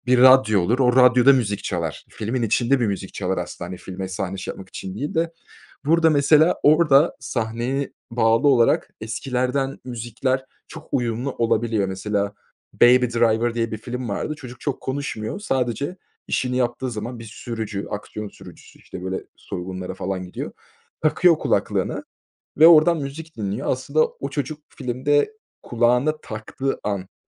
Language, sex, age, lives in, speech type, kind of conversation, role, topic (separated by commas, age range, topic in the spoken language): Turkish, male, 25-29, Germany, conversation, podcast, guest, Bir filmin müziği hikâyeyi nasıl etkiler?